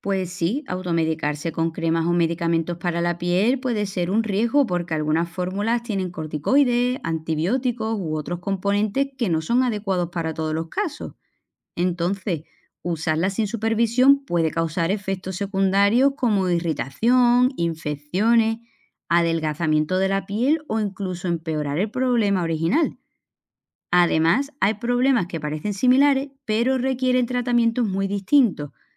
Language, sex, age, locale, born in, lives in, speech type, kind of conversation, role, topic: Spanish, female, 35-39, es-ES, Spain, Portugal, read, expert-assistant, expert, ¿Qué tipos de problemas pueden atender los dermatólogos?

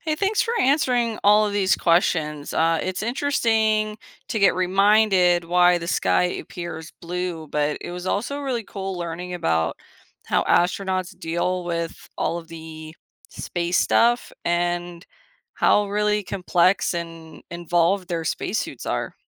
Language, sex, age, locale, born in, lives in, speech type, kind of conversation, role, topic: English, female, 35-39, en-US, United States, United States, read, expert-assistant, asker, What makes the sky appear blue during the day?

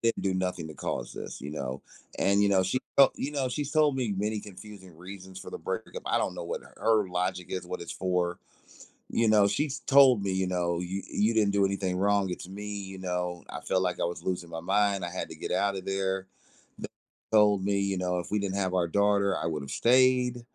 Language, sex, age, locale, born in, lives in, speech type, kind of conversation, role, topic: English, male, 40-44, en-US, United States, United States, conversation, advice, user, How can I overcome intense shame and begin to move on after a breakup?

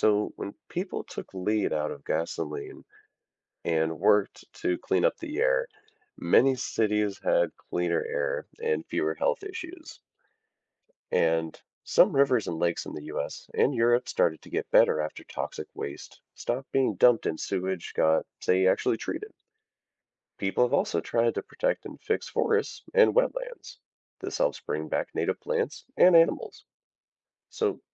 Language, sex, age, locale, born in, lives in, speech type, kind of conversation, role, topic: English, male, 30-34, en-US, United States, United States, read, expert-assistant, expert, What is the ozone layer and why is it important?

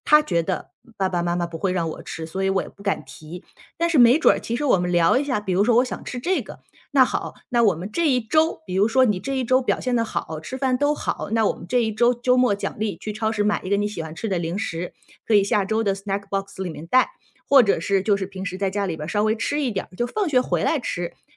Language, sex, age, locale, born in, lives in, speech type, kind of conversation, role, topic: Chinese, female, 35-39, zh-CN, China, United States, conversation, advice, advisor, 如何在沟通中建立并保持信任？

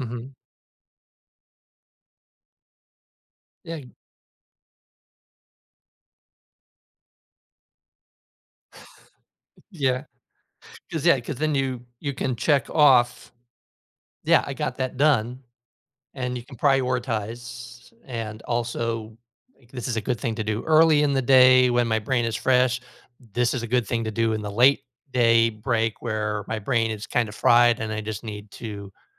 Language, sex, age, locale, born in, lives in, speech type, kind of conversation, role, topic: English, male, 55-59, en-US, United States, United States, conversation, advice, advisor, How can I better balance my work and personal life?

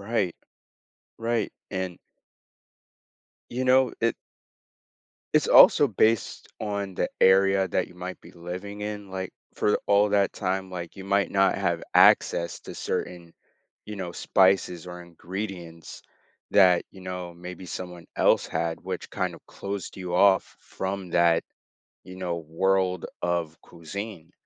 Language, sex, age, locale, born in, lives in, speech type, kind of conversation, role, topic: English, male, 18-19, en-US, United States, United States, conversation, podcast, host, How does food connect us to our cultural roots?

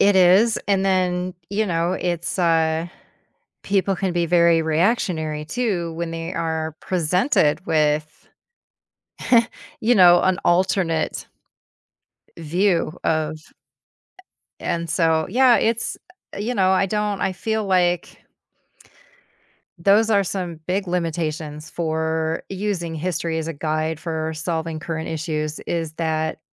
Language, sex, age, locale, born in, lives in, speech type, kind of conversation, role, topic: English, female, 50-54, en-US, United States, United States, conversation, podcast, guest, Why is it important to learn from history when facing today's challenges?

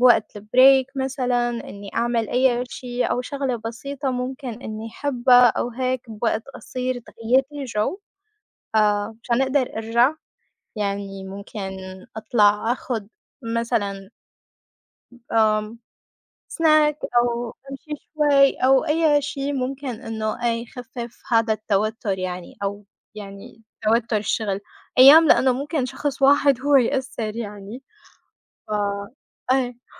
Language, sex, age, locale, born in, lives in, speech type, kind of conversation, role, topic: Arabic, female, 25-29, ar-SY, Saudi Arabia, United States, conversation, unstructured, none, إزاي بتتعامل مع ضغوط يوم الشغل؟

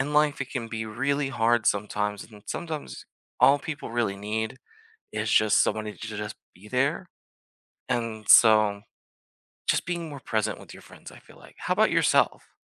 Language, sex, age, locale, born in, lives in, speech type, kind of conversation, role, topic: English, male, 25-29, en-US, United States, United States, conversation, unstructured, none, How can you show up better for your friends?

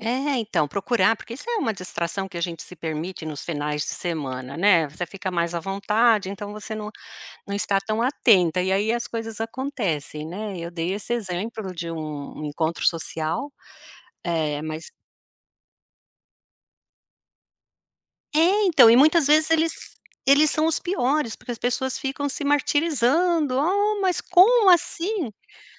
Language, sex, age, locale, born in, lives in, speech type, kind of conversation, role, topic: Portuguese, female, 55-59, pt-BR, Brazil, United States, conversation, podcast, guest, Como você pode aprender com os seus erros sem se punir?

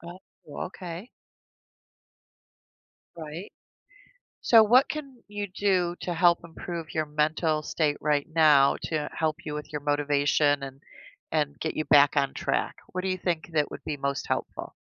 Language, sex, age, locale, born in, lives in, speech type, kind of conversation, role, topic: English, female, 55-59, en-US, United States, United States, conversation, advice, advisor, How can I build better daily productivity habits?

